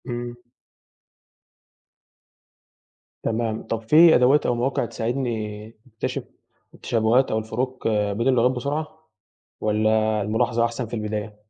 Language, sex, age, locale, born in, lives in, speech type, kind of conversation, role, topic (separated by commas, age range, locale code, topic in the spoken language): Arabic, male, 18-19, ar-EG, Egypt, Egypt, read, expert-assistant, asker, إزاي أعمل خطة بسيطة عشان أكتشف أوجه الشبه والاختلاف بين كذا لغة من غير ما الموضوع يبقى معقد؟